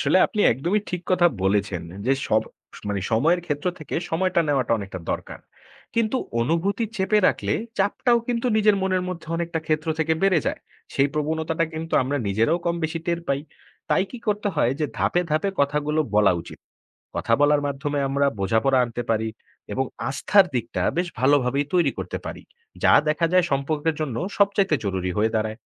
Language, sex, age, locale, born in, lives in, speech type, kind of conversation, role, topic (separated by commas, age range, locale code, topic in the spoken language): Bengali, male, 20-24, bn-BD, Bangladesh, Bangladesh, read, expert-assistant, expert, নতুন সম্পর্কে বোঝাপড়া ও দর-কষাকষি কীভাবে সম্ভব?